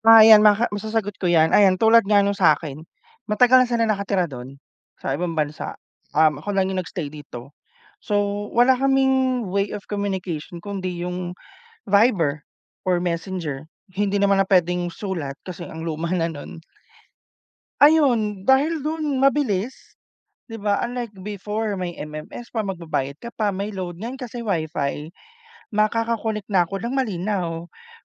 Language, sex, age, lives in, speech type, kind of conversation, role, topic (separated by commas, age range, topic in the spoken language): Filipino, male, 30-34, Philippines, conversation, unstructured, none, Ano ang pananaw ninyo sa pagbibigay ng oras para sa pamilya?